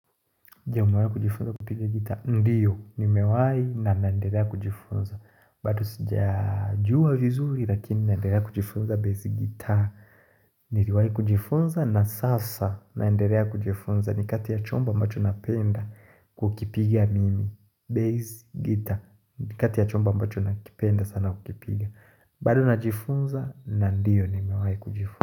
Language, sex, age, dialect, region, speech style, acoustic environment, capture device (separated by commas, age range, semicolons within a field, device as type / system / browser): Swahili, male, 25-34, Tanzania - Dar es Salaam, Tanzania, happy, nature, mobile / Linux / Chrome